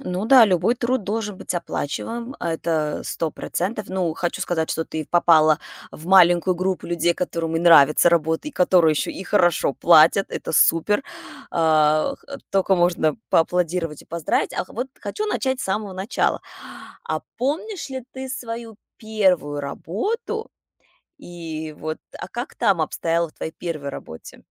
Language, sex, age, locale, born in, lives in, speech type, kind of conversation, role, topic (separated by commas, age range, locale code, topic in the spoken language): Russian, female, 35-39, ru-RU, Russia, United States, conversation, podcast, host, Как вы выбираете между работой по душе и работой с хорошей оплатой?